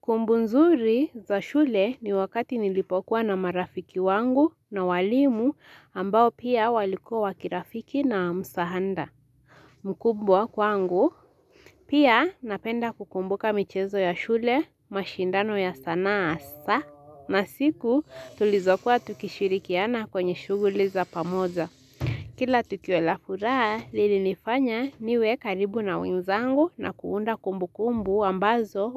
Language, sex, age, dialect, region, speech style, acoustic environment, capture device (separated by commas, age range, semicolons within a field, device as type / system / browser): Swahili, female, 18-24, Kenya - Nairobi Sheng-influenced, Kenya, focused, silence, mobile / Linux / Chrome